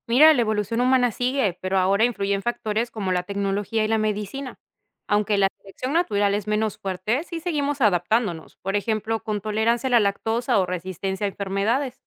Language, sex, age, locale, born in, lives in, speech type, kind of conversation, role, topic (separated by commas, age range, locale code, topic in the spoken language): Spanish, female, 30-34, es-PE, Peru, Italy, read, expert-assistant, expert, ¿Por qué algunas personas dicen que los humanos dejaron de evolucionar? ¿Eso es cierto o sigue ocurriendo la evolución hoy en día?